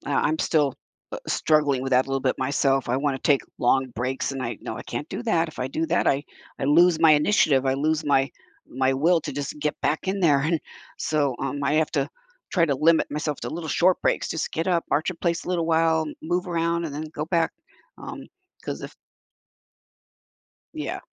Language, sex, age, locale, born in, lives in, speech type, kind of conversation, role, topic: English, female, 70-74, en-US, United States, United States, conversation, podcast, host, What helps you keep moving forward during difficult times?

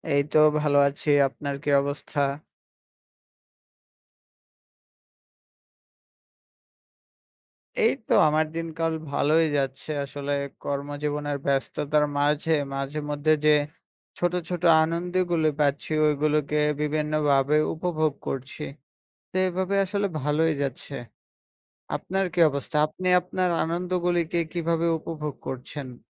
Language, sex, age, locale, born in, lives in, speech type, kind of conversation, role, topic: Bengali, male, 20-24, bn-BD, Bangladesh, Bangladesh, conversation, unstructured, none, আপনি জীবনের ছোট ছোট আনন্দগুলো কীভাবে উপভোগ করেন?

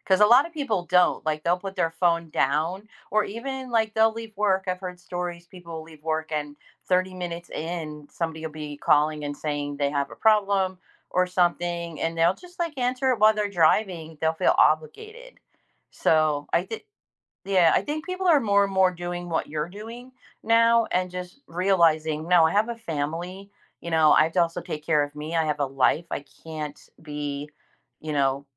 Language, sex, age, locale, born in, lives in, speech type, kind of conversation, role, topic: English, female, 55-59, en-US, United States, United States, conversation, podcast, host, How do you find a healthy balance between technology use and offline time?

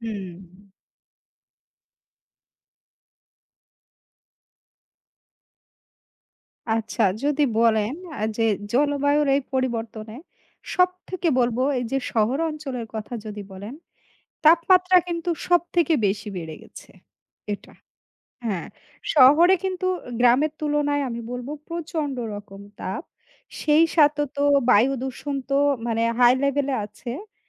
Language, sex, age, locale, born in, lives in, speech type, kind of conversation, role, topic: Bengali, female, 35-39, bn-BD, Bangladesh, Bangladesh, conversation, unstructured, none, জলবায়ু পরিবর্তনের ফলে আপনার জীবন কীভাবে প্রভাবিত হয়েছে?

